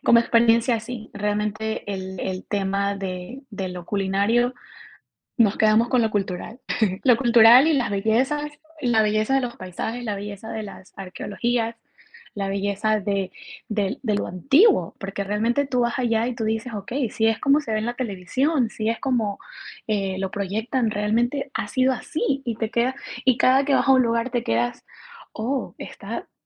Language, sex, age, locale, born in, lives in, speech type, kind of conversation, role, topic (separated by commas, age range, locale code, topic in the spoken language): Spanish, female, 25-29, es-EC, Ecuador, United States, conversation, podcast, guest, ¿Cuál es tu recuerdo de viaje favorito?